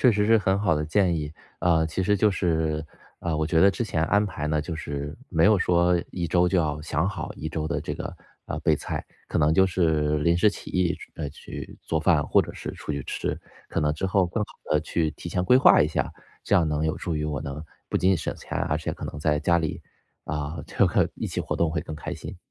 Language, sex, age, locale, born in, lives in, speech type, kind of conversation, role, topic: Chinese, male, 35-39, zh-CN, China, United States, conversation, advice, user, 我怎样通过制定预算来削减支出，从而更快还清债务？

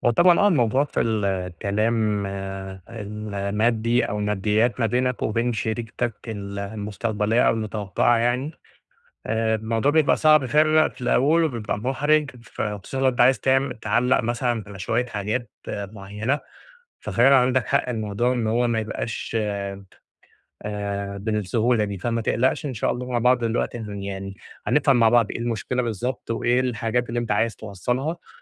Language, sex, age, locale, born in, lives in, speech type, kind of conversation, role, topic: Arabic, male, 30-34, ar-EG, Egypt, Egypt, conversation, advice, advisor, إزاي أبدأ كلام عن الميزانية مع شريكي من غير إحراج؟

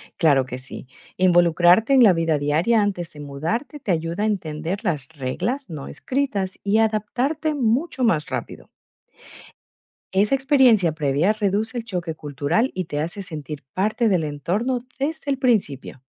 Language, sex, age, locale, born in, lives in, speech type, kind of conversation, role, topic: Spanish, female, 40-44, es-EC, Ecuador, Netherlands, read, expert-assistant, expert, ¿Viajar realmente nos transforma como personas o solo confirma lo que ya somos?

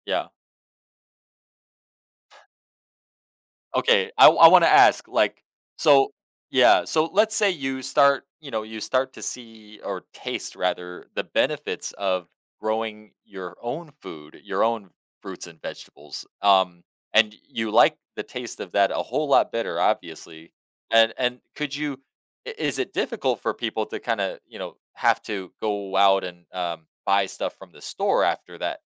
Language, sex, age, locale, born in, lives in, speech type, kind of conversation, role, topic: English, male, 30-34, en-US, United States, United States, conversation, podcast, host, Why do so many people find gardening rewarding and meaningful?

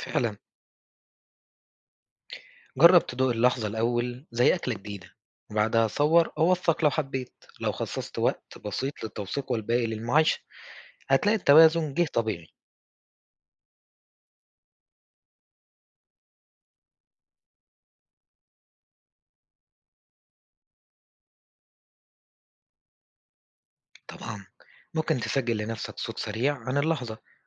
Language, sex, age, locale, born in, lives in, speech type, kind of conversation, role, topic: Arabic, male, 20-24, ar-EG, Egypt, Egypt, read, expert-assistant, expert, إزاي ممكن أتعلم أكون مسافر ذكي وأستفيد أكتر من كل تجربة سياحية، مش بس أزور أماكن وخلاص؟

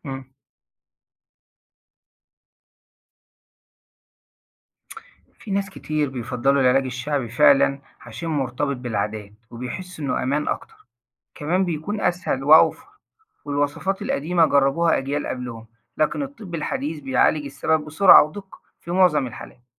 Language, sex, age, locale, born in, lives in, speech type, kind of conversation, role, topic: Arabic, male, 40-44, ar-EG, Egypt, Egypt, read, expert-assistant, expert, ليه بعض الناس بتلجأ للعلاج الشعبي أو الأعشاب قبل ما تزور دكتور، وهل ده ليه أصول ثقافية أو اجتماعية؟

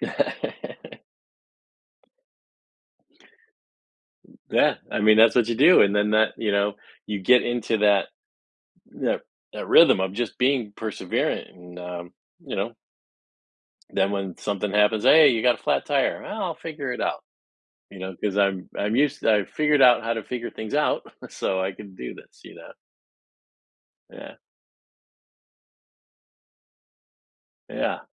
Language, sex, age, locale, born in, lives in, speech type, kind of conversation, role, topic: English, male, 50-54, en-US, United States, United States, conversation, podcast, host, How can experiencing setbacks help us become more creative?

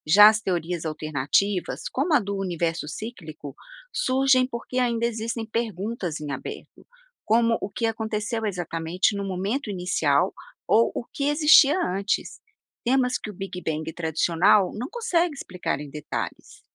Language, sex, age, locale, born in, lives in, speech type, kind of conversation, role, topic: Portuguese, female, 55-59, pt-BR, Brazil, United States, read, expert-assistant, expert, Por que o Big Bang ainda é a teoria mais aceita sobre a origem do universo?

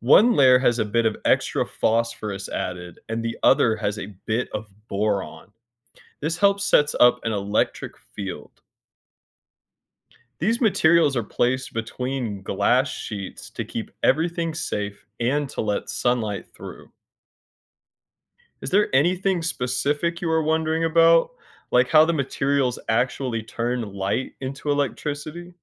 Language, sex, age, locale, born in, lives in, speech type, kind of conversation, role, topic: English, male, 25-29, en-US, United States, United States, read, expert-assistant, expert, How do solar panels generate electricity?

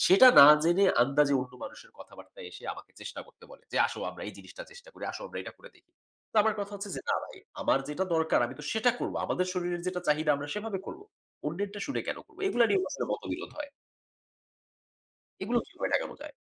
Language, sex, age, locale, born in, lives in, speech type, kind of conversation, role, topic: Bengali, male, 30-34, bn-BD, Bangladesh, Bangladesh, conversation, advice, user, ব্যায়াম সঙ্গীর সঙ্গে সময় মিলিয়ে নেওয়া এবং মতানৈক্য মেটানোর উপায় কী?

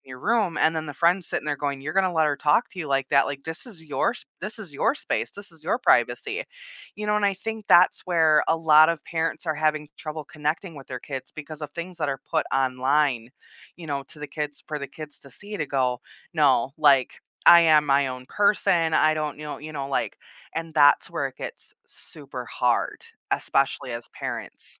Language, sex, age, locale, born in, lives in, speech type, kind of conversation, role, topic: English, female, 30-34, en-US, United States, United States, conversation, podcast, guest, How does open and honest communication impact family relationships and understanding?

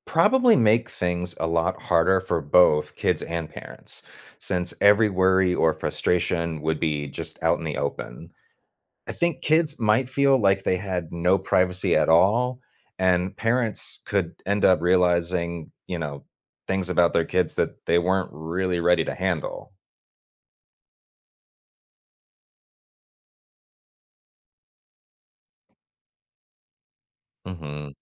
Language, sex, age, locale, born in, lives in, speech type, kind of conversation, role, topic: English, male, 40-44, en-US, United States, United States, read, expert-assistant, asker, What would life be like if everyone could hear your thoughts?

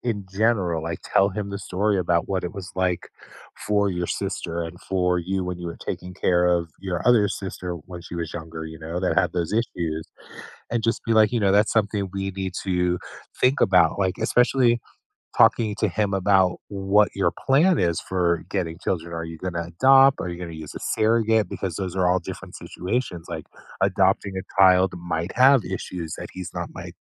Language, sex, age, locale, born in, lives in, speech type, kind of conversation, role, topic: English, male, 50-54, en-US, United States, United States, conversation, advice, advisor, How can I calmly address recurring communication breakdowns with my partner?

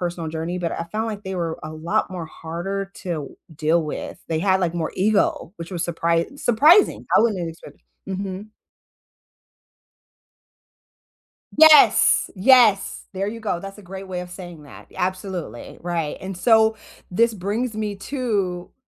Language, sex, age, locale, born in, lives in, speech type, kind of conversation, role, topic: English, female, 40-44, en-US, United States, United States, conversation, unstructured, none, What’s a moment at work that made you really proud?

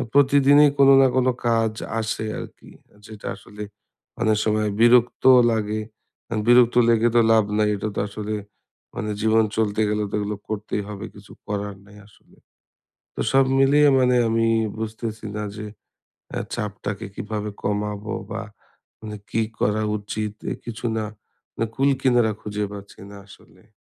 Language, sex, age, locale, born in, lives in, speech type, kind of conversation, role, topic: Bengali, male, 30-34, bn-BD, Bangladesh, Bangladesh, conversation, advice, user, ছুটির সময় বন্ধুদের সঙ্গে থাকলে আমি কেন এতটা উদ্বিগ্ন ও চাপগ্রস্ত হয়ে পড়ি?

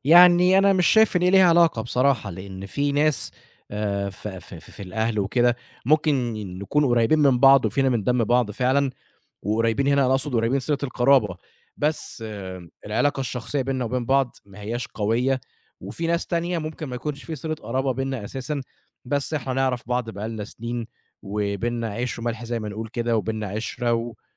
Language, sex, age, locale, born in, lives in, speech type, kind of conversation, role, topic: Arabic, male, 25-29, ar-EG, Egypt, Egypt, conversation, podcast, guest, إزاي بتختار الشخص اللي هتسأله نصيحة؟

